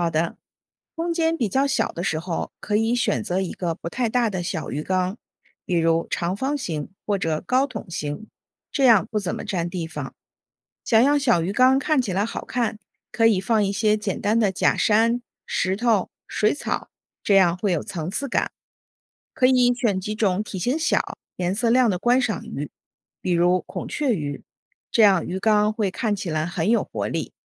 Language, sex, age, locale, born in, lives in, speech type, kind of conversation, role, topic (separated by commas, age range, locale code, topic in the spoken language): Chinese, female, 40-44, zh-CN, China, United States, read, expert-assistant, expert, 为什么有些人喜欢在家里养鱼？